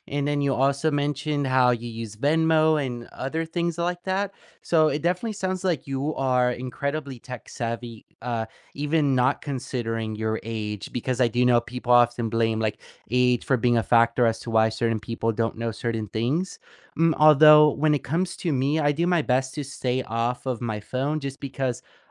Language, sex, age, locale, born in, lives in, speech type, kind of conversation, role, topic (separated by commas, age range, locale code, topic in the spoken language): English, male, 20-24, en-US, United States, United States, conversation, unstructured, none, What tech invention has made your life easier?